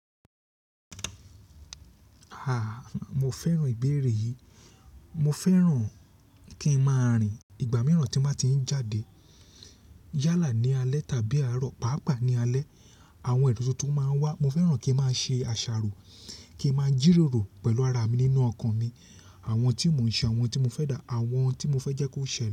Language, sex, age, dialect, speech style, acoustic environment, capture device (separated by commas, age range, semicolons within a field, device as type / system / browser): Yoruba, male, 35-44, Nigeria - Lagos Yoruba, happy; excited; focused; relaxed, silence, mobile / Linux / Chrome